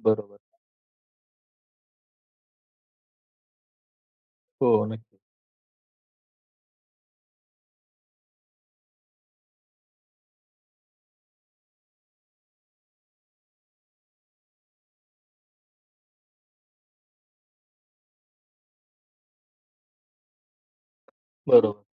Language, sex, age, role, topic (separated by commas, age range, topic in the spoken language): Marathi, male, 25-29, host, तुमच्या मते माफी योग्य पद्धतीने कशी मागावी?